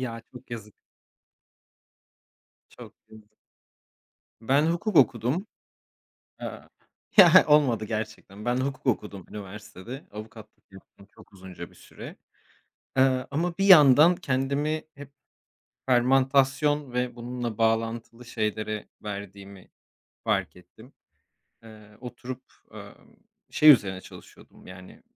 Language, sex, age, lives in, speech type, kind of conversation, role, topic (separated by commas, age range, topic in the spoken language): Turkish, male, 30-34, Portugal, conversation, unstructured, none, En büyük pişmanlığın nedir?